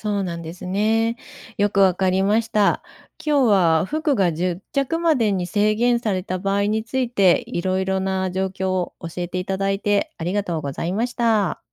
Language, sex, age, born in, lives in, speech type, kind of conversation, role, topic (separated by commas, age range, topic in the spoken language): Japanese, female, 55-59, Japan, Japan, read, expert-assistant, asker, もし地球環境のために全員が持てる服の数が10着までと制限されたら、どんな基準で服を選び、どのように自分のスタイルを表現しますか？